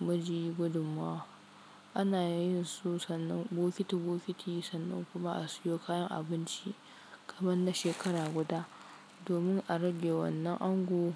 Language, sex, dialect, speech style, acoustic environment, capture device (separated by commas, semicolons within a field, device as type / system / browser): Hausa, female, Nigeria - Kano, focused, silence, mobile / Linux / Chrome